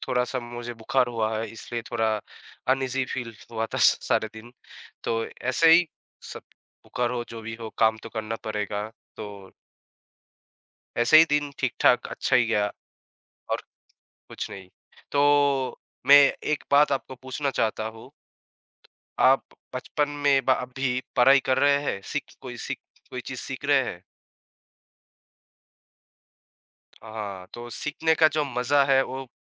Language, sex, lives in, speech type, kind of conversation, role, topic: Hindi, male, India, conversation, unstructured, none, आपको पढ़ाई में सबसे मज़ेदार क्या लगता है?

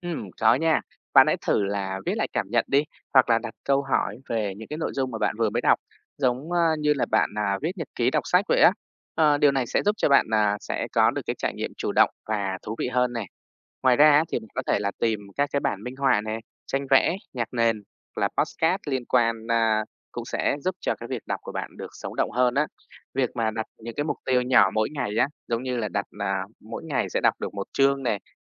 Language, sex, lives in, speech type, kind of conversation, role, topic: Vietnamese, female, Vietnam, read, expert-assistant, expert, Làm sao để đọc truyện kinh điển mà không cảm thấy quá khó hiểu hay nhàm chán?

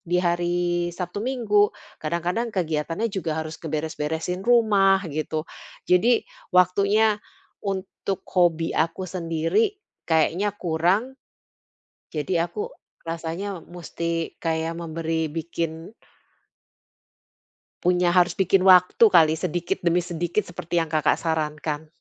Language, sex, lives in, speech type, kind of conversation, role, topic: Indonesian, female, Netherlands, conversation, advice, user, Bagaimana caranya agar saya tetap termotivasi dan konsisten menjalani hobi saya?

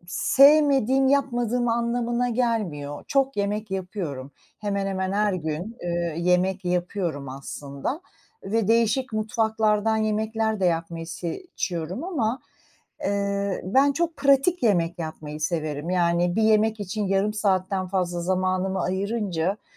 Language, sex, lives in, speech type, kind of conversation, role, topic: Turkish, female, United States, conversation, unstructured, none, Dünyanın herhangi bir mutfağından bir yemek deneyecek olsan hangisini seçerdin?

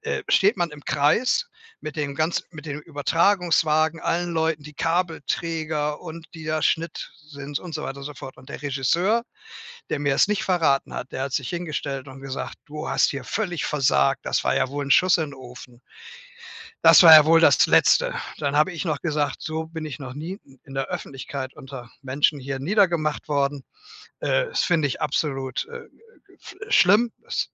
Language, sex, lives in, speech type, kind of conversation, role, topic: German, male, Germany, conversation, advice, user, Wie gehst du nach einem Rückschlag mit Motivationsverlust und Zweifeln an deinem Ziel um?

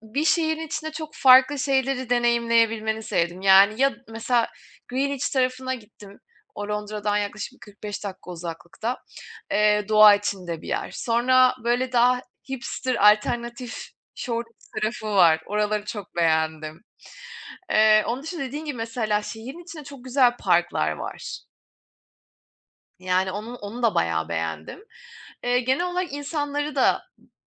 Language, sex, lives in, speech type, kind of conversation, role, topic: Turkish, female, Germany, conversation, unstructured, none, Doğa tatilleri mi yoksa şehir gezileri mi daha çok hoşunuza gider?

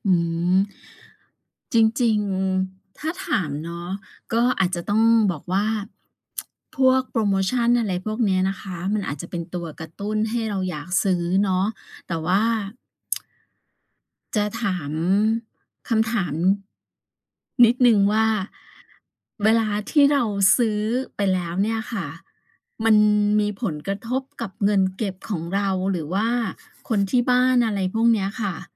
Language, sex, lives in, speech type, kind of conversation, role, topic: Thai, female, Thailand, conversation, advice, advisor, คุณเคยซื้อของที่ไม่จำเป็นเพราะกลัวพลาดโปรโมชั่นหรือดีลดีๆ แล้วเอามาเก็บไว้ไหม?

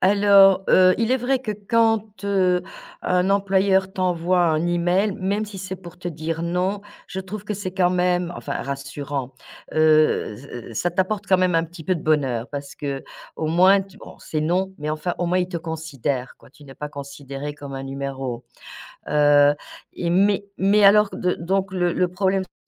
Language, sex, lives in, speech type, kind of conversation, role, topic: French, female, France, conversation, advice, user, Comment est-ce que je me sabote quand une opportunité professionnelle se présente ?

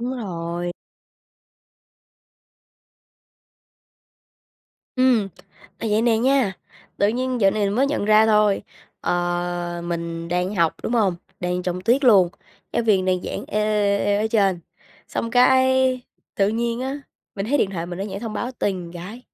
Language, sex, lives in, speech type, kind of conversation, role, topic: Vietnamese, female, Vietnam, conversation, advice, user, Làm sao để tập trung khi điện thoại và mạng xã hội liên tục làm bạn xao nhãng?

